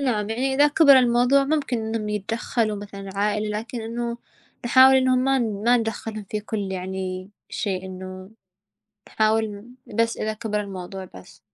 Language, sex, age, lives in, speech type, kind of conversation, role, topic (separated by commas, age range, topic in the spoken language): Arabic, female, 20-24, United States, conversation, unstructured, none, إزاي المشاكل العائلية بتأثر على الصحة النفسية للشخص؟